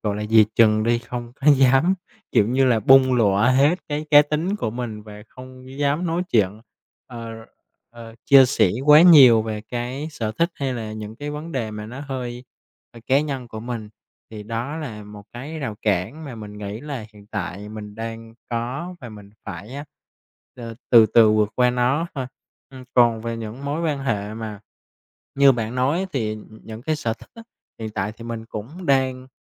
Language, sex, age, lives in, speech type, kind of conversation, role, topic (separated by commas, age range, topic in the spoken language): Vietnamese, male, 25-29, Vietnam, conversation, advice, user, Làm thế nào để xây dựng mạng lưới quan hệ xã hội mới khi tôi đã mất đi những mối quan hệ bạn bè cũ?